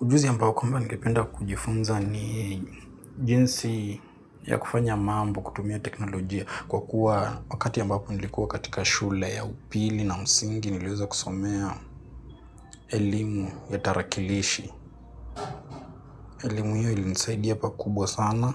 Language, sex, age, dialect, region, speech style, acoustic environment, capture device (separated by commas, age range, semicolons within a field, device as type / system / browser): Swahili, male, 18-24, Kenya - Nairobi Sheng-influenced, Kenya, relaxed, silence, mobile / Linux / Chrome